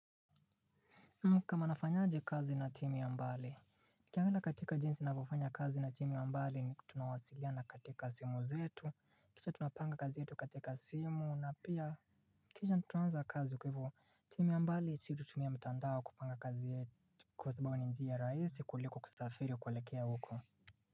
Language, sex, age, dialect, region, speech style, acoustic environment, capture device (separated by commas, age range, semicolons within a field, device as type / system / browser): Swahili, male, 25-34, Kenya - Mombasa, Kenya, relaxed, silence, mobile / Linux / Chrome